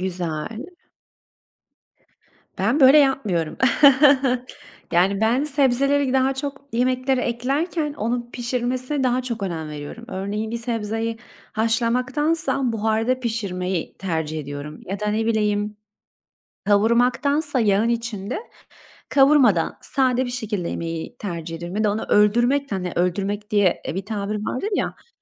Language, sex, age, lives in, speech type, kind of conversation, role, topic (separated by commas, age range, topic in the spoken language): Turkish, female, 25-29, Italy, conversation, unstructured, none, Hangi sebzeyi her yemeğe eklemek isterdiniz?